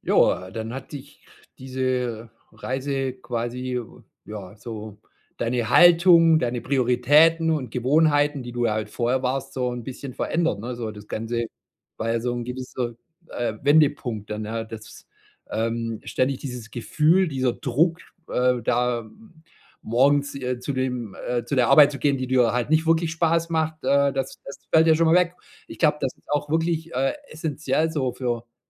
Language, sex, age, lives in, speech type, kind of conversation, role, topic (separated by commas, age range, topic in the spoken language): German, male, 45-49, Germany, conversation, podcast, host, Welche Reise hat dich persönlich am meisten verändert?